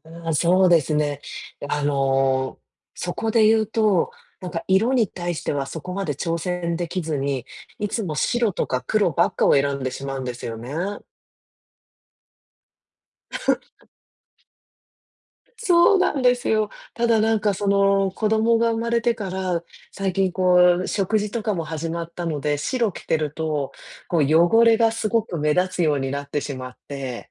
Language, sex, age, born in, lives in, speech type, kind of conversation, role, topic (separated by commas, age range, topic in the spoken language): Japanese, female, 35-39, Japan, United States, conversation, advice, user, 日常で流行に流されずに、自分らしいスタイルを見つけるにはどうすればいいですか？